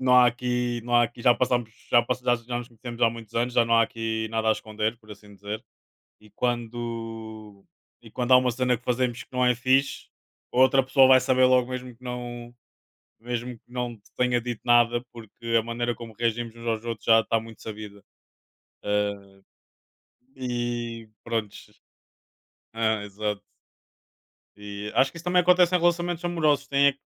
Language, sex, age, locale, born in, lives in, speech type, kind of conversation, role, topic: Portuguese, male, 25-29, pt-PT, Portugal, Portugal, conversation, unstructured, none, O impacto dos desentendimentos é mais profundo em amizades ou em relacionamentos amorosos?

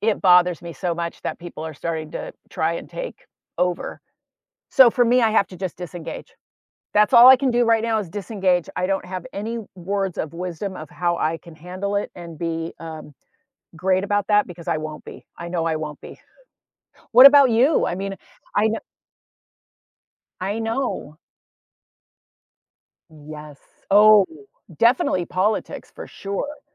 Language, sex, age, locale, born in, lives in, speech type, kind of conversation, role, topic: English, female, 60-64, en-US, United States, United States, conversation, unstructured, none, How do you handle disagreements with family without hurting feelings?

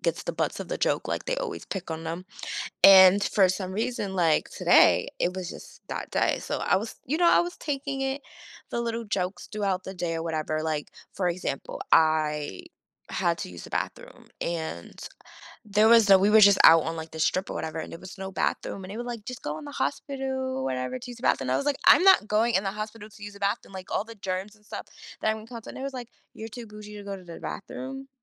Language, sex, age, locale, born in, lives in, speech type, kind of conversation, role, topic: English, female, 30-34, en-US, United States, United States, conversation, advice, user, How can I resolve a disagreement with a close friend?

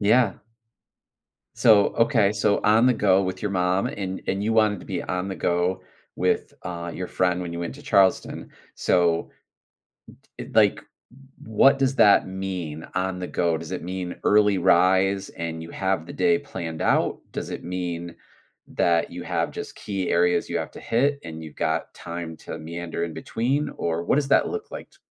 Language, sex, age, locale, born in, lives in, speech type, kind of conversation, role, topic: English, male, 45-49, en-US, United States, United States, conversation, podcast, host, How does exploring new places enrich your life and perspective?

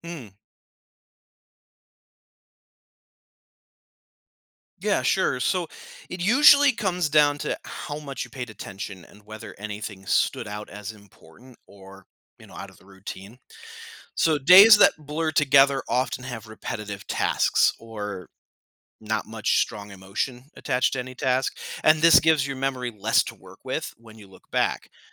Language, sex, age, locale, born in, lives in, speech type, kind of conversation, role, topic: English, male, 35-39, en-US, United States, United States, read, expert-assistant, expert, How does your brain interpret time?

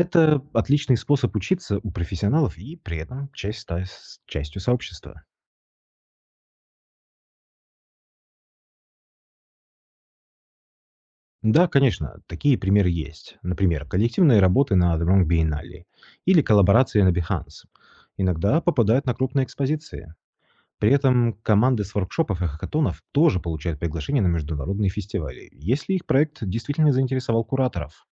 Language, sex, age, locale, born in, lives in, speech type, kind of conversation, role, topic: Russian, male, 35-39, ru-RU, Russia, Italy, read, expert-assistant, expert, Какие выставки или онлайн-галереи по цифровому искусству стоит посетить, чтобы вдохновиться?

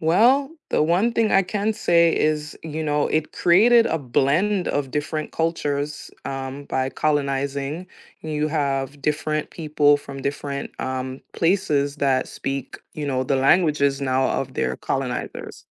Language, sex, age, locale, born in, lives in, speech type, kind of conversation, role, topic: English, female, 30-34, en-US, United States, United States, conversation, unstructured, none, Would you rather change one decision in your life or influence one major world event?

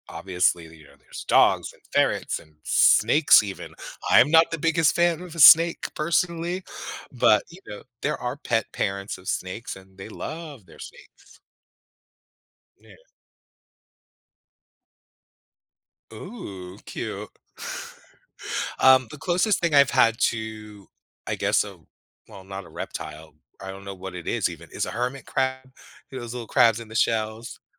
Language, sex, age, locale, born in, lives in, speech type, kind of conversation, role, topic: English, male, 50-54, en-US, United States, United States, conversation, unstructured, none, How do pets help improve our mood each day?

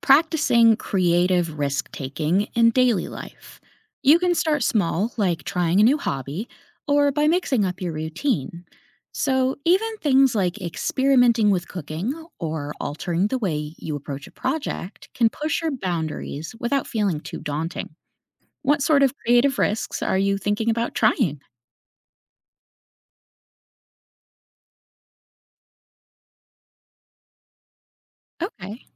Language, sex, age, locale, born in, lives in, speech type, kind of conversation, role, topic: English, female, 30-34, en-US, United States, United States, read, expert-assistant, expert, How does exposure to art and culture influence creativity?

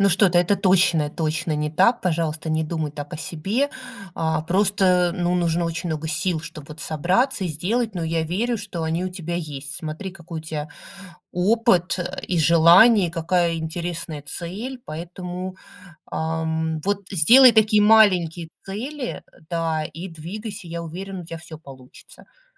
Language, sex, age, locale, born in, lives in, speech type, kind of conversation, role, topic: Russian, female, 40-44, ru-RU, Russia, United States, conversation, advice, advisor, Как постоянная прокрастинация мешает вам продвигаться к важной цели?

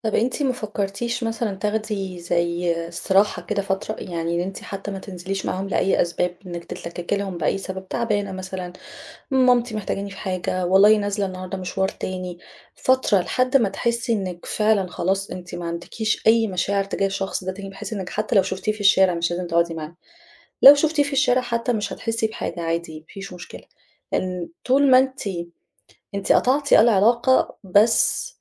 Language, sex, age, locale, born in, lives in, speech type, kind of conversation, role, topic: Arabic, female, 35-39, ar-EG, Egypt, Egypt, conversation, advice, advisor, ازاي أقرر أقطع التواصل مع شريكي/شريكتي السابق/ة؟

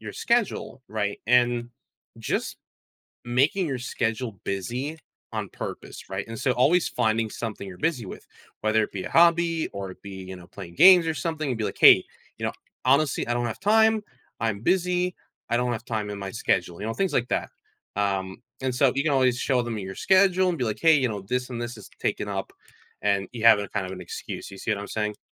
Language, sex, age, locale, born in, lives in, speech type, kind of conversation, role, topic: English, male, 20-24, en-US, United States, United States, conversation, advice, advisor, How can I say no to extra commitments?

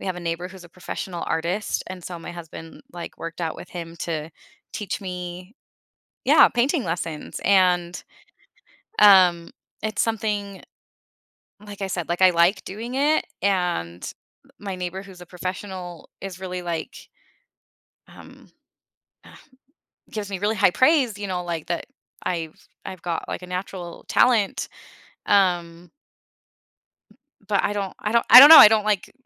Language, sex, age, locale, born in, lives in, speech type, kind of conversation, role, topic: English, female, 35-39, en-US, United States, United States, conversation, advice, user, How do I decide whether to follow my passion or prioritize financial stability?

